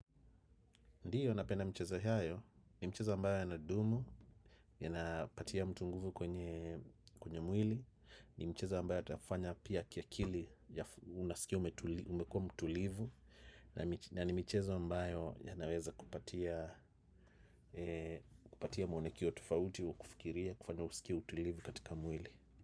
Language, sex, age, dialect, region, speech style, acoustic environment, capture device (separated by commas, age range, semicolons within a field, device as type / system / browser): Swahili, male, 35-44, Kenya - Nairobi Sheng-influenced, Kenya, focused, silence, desktop / macOS / Chrome